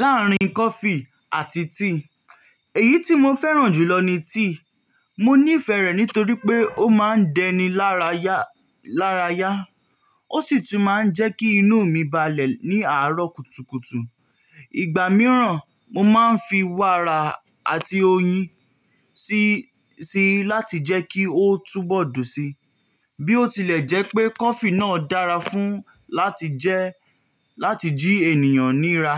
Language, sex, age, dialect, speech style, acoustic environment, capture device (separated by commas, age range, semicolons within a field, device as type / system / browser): Yoruba, male, 18-24, Nigeria - Nigerian Standard, relaxed, silence; nature, mobile / Linux / Chrome